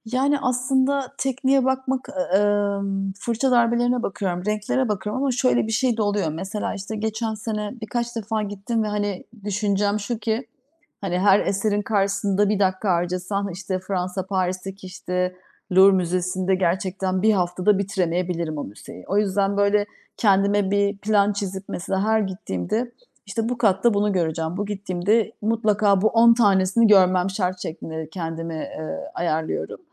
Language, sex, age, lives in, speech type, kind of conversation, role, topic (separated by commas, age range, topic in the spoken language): Turkish, female, 40-44, Germany, conversation, podcast, guest, Hangi mekânlarda daha kolay akış yakalarsın?